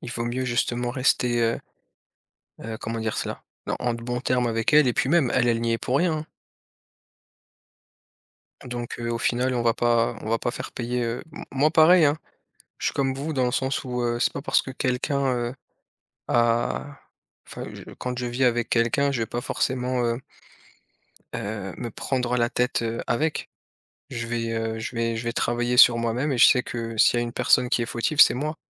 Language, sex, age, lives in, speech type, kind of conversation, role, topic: French, male, 30-34, France, conversation, unstructured, none, Quelle est votre plus grande leçon en matière de gestion du stress ?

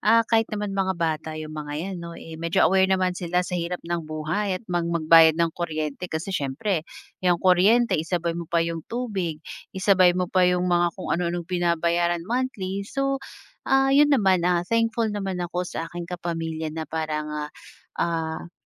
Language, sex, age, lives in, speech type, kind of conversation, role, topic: Filipino, female, 30-34, Philippines, conversation, podcast, guest, Ano ang pinaka-praktikal na tip para makatipid sa kuryente?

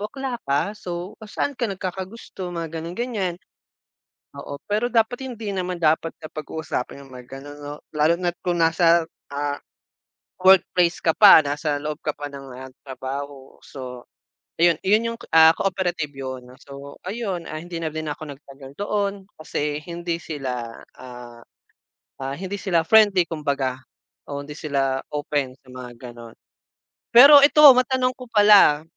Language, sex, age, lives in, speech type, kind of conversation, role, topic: Filipino, male, 25-29, Philippines, conversation, unstructured, none, Ano ang palagay mo sa mga taong nagkakalat ng tsismis?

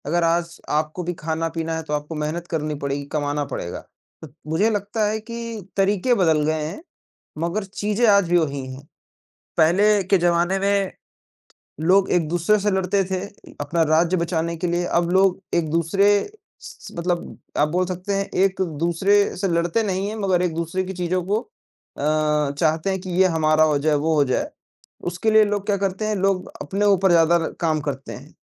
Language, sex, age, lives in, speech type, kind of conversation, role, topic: Hindi, male, 20-24, India, conversation, unstructured, none, क्या आपको इतिहास की कोई ऐसी कहानी याद है जो आपको प्रेरित करती हो?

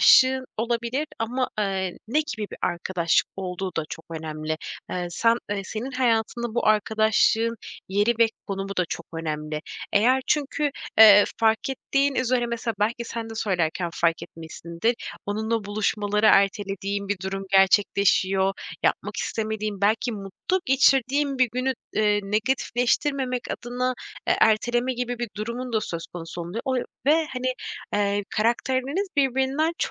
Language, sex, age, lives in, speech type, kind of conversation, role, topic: Turkish, female, 25-29, Poland, conversation, advice, advisor, Sürekli şikayet eden bir arkadaşın negatifliğiyle nasıl başa çıkabilirim?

